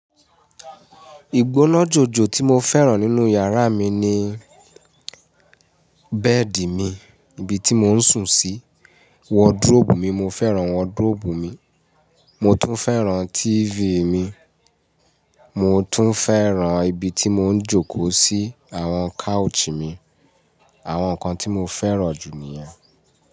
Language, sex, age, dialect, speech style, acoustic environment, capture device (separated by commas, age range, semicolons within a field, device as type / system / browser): Yoruba, male, 35-44, Nigeria - Ibadan, happy; focused, nature, mobile / Linux / Chrome